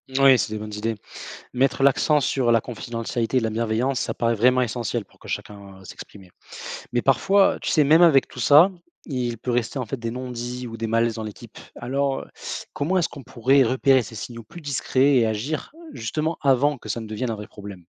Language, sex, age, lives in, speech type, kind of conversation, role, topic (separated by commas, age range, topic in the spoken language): French, male, 25-29, France, read, expert-assistant, asker, Comment gérer les différences culturelles au sein d’une équipe ?